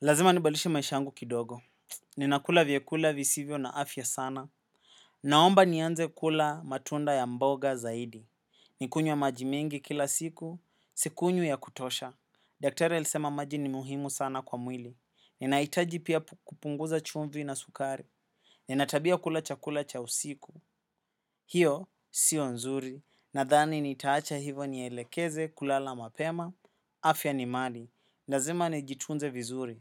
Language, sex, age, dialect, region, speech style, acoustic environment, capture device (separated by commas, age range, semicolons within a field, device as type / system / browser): Swahili, male, 25-34, Kenya - Nairobi Sheng-influenced, Kenya, happy, silence, desktop / macOS / Chrome